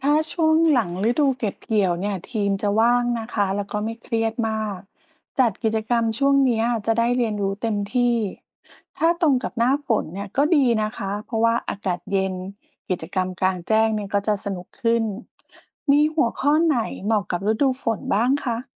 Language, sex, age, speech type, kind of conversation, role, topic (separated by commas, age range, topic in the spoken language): Thai, female, 40-44, read, expert-assistant, asker, ถ้าอยากเปลี่ยนฟาร์มเดิมให้เป็นฟาร์มที่ยั่งยืน ควรเริ่มจัดระเบียบงานหรือจัดลำดับความสำคัญอย่างไรดี?